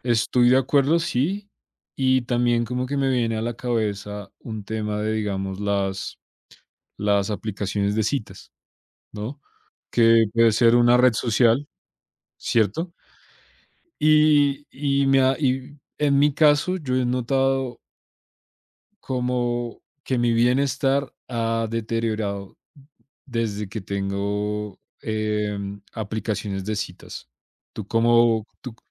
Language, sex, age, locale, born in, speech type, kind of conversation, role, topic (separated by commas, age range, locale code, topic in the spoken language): Spanish, male, 25-29, es-CO, Colombia, conversation, unstructured, none, ¿Cuál es tu opinión sobre las redes sociales y su impacto?